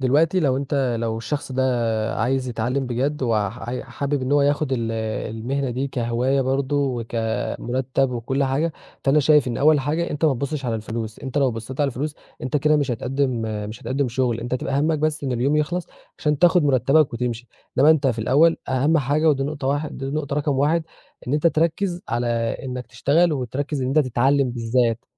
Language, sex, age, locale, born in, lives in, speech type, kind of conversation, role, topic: Arabic, male, 20-24, ar-EG, Egypt, Egypt, conversation, podcast, guest, إيه نصيحتك لحدّ بيبدأ يتعلّم الطبخ؟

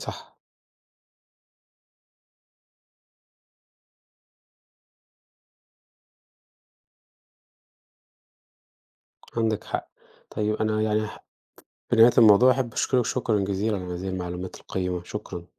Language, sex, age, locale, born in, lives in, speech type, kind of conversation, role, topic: Arabic, female, 30-34, ar-EG, Egypt, Portugal, read, expert-assistant, asker, كيف يمكن للطالب التعامل مع الإشاعات أو التنمر في المدرسة؟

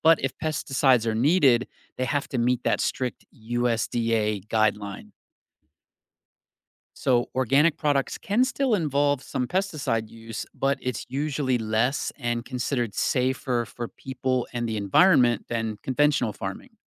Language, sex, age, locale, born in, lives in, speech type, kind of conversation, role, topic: English, male, 45-49, en-US, United States, United States, read, expert-assistant, expert, What defines organic food and labeling differences?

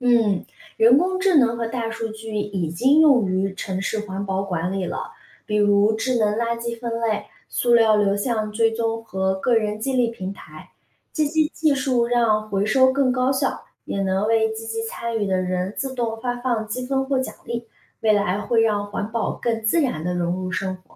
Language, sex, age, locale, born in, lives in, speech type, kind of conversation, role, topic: Chinese, female, 30-34, zh-CN, China, United States, read, expert-assistant, expert, 在城市里生活，怎样实际参与到保护海洋生物的行动中？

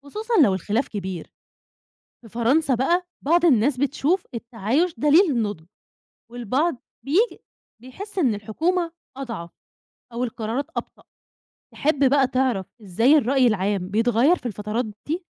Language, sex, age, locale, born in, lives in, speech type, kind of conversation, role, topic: Arabic, female, 20-24, ar-EG, Egypt, Egypt, read, expert-assistant, expert, إيه التشبيه المناسب اللي يوضح الفرق بين النظام الرئاسي والنظام البرلماني في الدول؟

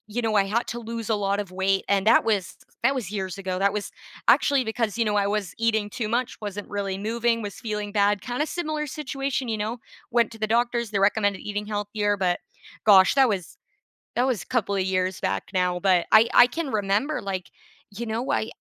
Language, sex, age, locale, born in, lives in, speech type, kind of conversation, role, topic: English, female, 20-24, en-CA, Canada, Canada, conversation, unstructured, none, What small daily rituals make your day feel right and help you feel more connected?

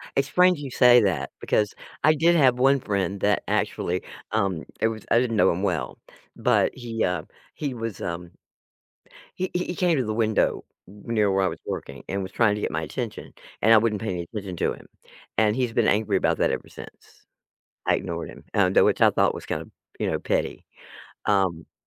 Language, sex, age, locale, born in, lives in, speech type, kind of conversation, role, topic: English, female, 65-69, en-US, United States, United States, conversation, advice, user, How can I manage work and family demands without burning out?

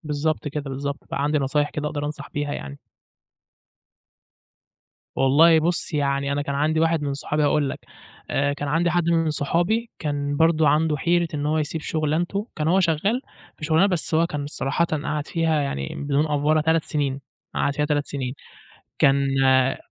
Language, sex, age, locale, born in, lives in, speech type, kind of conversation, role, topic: Arabic, male, 20-24, ar-EG, Egypt, Egypt, conversation, podcast, guest, إيه اللي خلاك تسيب شغلانة مستقرة وتاخد مخاطرة جديدة؟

